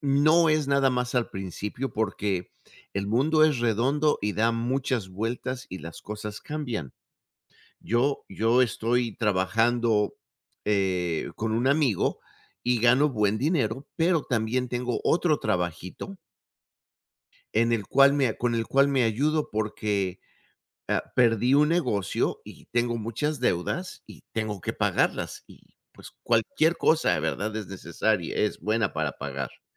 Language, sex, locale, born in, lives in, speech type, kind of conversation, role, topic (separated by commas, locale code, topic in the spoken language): Spanish, male, es-MX, Mexico, United States, conversation, unstructured, none, ¿Crees que deberíamos aceptar trabajos que no nos gustan solo por dinero?